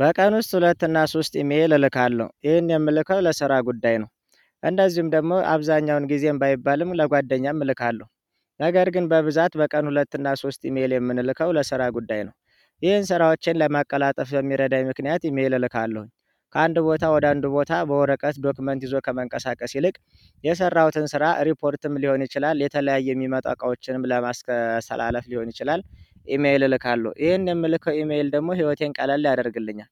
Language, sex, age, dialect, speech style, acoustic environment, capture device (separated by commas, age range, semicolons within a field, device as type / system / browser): Amharic, male, 25-34, Ethiopia - Addis Ababa, happy; relaxed; focused, people talking; music; nature; traffic, mobile / Android / Chrome